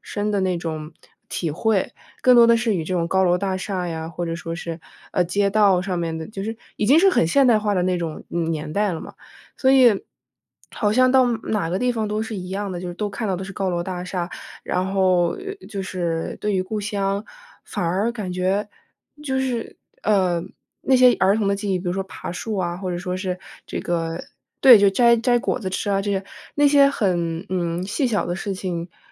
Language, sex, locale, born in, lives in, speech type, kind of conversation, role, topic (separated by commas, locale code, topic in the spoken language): Chinese, female, zh-CN, United States, United States, conversation, podcast, guest, 你是如何保持与故土的联系的？